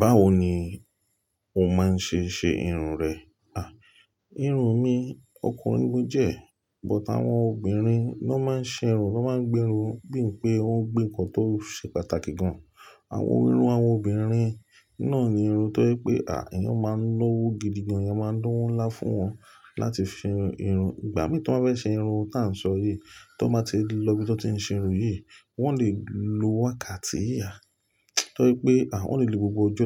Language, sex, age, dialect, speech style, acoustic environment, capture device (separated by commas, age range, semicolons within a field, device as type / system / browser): Yoruba, male, 35-44, Nigeria - Lagos Yoruba, relaxed, nature, mobile / Linux / Chrome